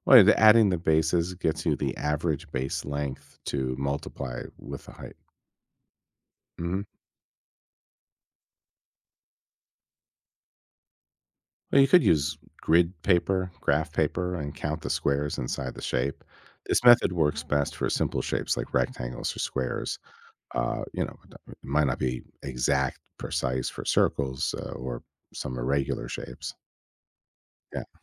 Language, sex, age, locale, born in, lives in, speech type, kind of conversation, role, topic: English, male, 50-54, en-US, United States, United States, read, expert-assistant, expert, How do you calculate the area of a square?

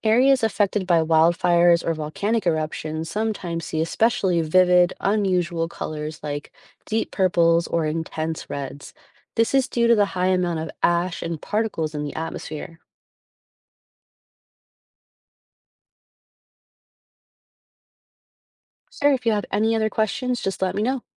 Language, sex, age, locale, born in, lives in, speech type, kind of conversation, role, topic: English, female, 35-39, en-US, United States, United States, read, expert-assistant, expert, What causes the colors seen at sunset?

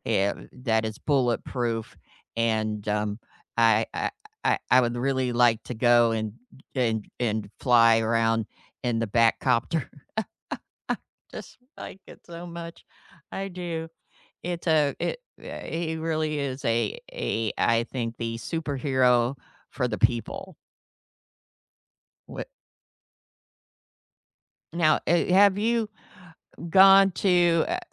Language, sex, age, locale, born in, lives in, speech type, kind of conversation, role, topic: English, female, 55-59, en-US, United States, United States, conversation, unstructured, none, What cartoons from your childhood still make you laugh?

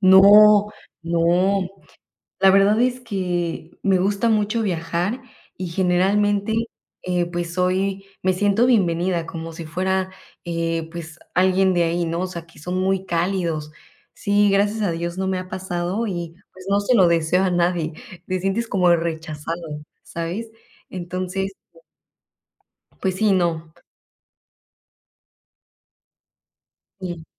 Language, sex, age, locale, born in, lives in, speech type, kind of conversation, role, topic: Spanish, female, 25-29, es-MX, Mexico, Mexico, conversation, unstructured, none, ¿Alguna vez te han tratado mal por ser turista?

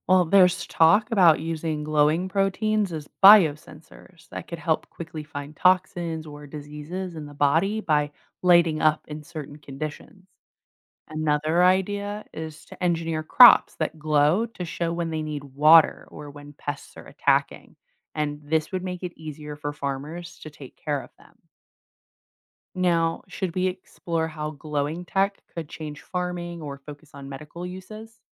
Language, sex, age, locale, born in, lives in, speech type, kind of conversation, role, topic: English, female, 25-29, en-US, United States, United States, read, expert-assistant, expert, How do animals glow in the dark and how is this used in science and technology?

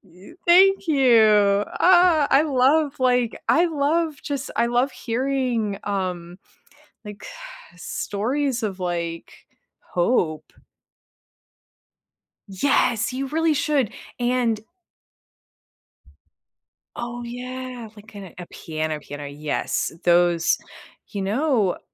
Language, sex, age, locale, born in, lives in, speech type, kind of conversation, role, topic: English, female, 35-39, en-US, United States, United States, conversation, unstructured, none, What is your biggest takeaway from learning something new recently?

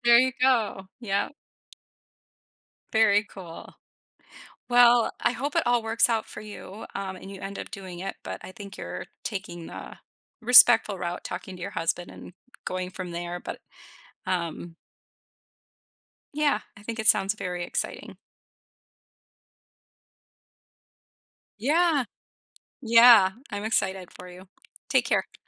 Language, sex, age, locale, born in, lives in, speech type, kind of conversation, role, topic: English, female, 45-49, en-US, United States, United States, conversation, advice, advisor, How can I make a strong first impression at my new job?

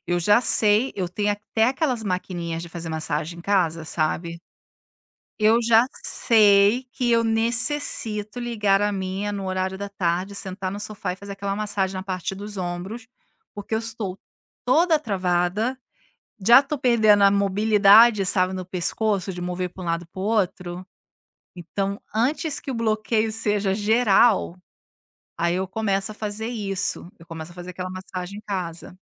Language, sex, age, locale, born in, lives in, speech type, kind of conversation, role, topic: Portuguese, female, 40-44, pt-BR, Brazil, Italy, conversation, podcast, guest, O que o seu corpo revela sobre o estresse antes de você explodir?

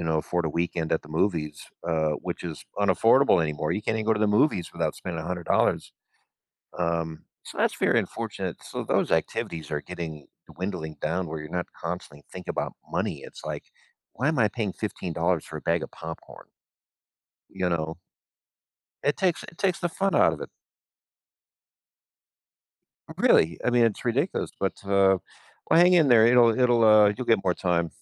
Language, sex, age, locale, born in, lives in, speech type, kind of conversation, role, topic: English, male, 45-49, en-US, United States, United States, conversation, advice, advisor, How can I make my free time more meaningful?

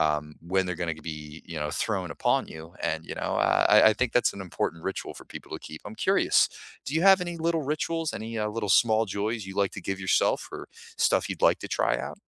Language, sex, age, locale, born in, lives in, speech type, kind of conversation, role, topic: English, male, 25-29, en-US, United States, United States, conversation, unstructured, none, What small joys brightened your week?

